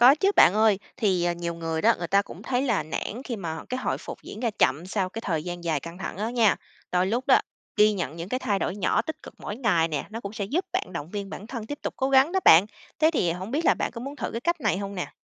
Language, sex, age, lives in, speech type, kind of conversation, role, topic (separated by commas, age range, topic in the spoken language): Vietnamese, female, 30-34, United States, read, expert-assistant, expert, Bạn nghĩ cơ thể và tâm trí có liên kết thế nào khi cảm xúc ảnh hưởng đến sức khỏe?